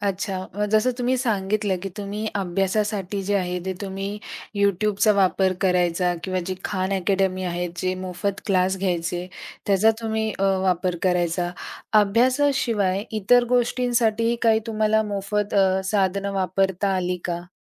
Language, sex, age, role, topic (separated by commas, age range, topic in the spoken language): Marathi, female, 45-49, host, तुम्ही सध्या कोणती मोफत साधने नियमितपणे वापरता?